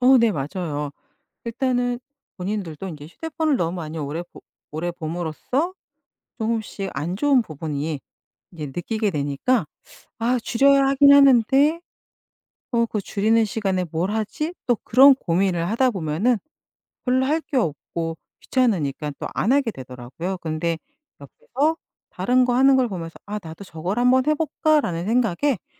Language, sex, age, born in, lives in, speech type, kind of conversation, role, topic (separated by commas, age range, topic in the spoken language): Korean, female, 50-54, South Korea, United States, conversation, podcast, guest, 하루 화면 시간을 줄이려면 어떻게 하시나요?